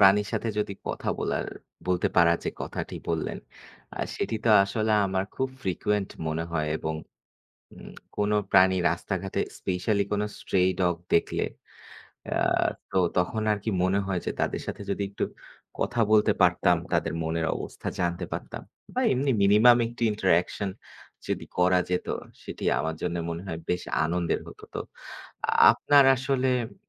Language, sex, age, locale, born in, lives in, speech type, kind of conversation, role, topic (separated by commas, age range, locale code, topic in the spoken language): Bengali, male, 25-29, bn-BD, Bangladesh, Bangladesh, conversation, unstructured, none, যদি তুমি যেকোনো প্রাণীর সঙ্গে কথা বলতে পারতে, তাহলে কোন প্রাণীকে বেছে নিতে?